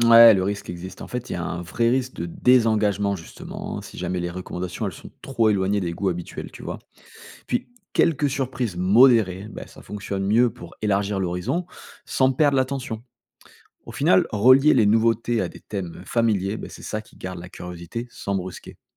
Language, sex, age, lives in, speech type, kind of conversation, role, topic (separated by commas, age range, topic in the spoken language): French, male, 35-39, France, read, expert-assistant, expert, Les algorithmes qui choisissent nos infos : est-ce qu’on y gagne ou on y perd ?